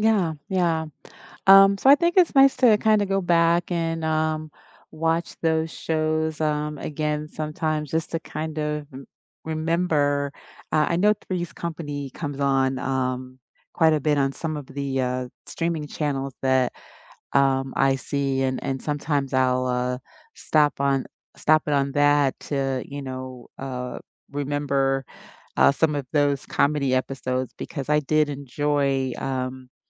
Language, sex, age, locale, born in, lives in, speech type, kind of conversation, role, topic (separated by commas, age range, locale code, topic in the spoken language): English, female, 50-54, en-US, United States, United States, conversation, unstructured, none, Which nostalgic cartoons or shows from your past still hold up for you today?